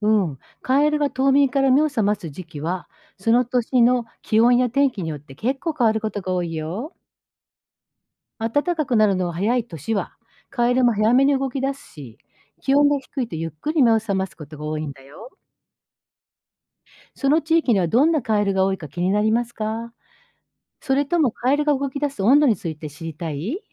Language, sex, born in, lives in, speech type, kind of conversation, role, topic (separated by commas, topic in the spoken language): Japanese, female, Japan, Japan, read, expert-assistant, expert, どうしてカエルは鳴くのでしょうか？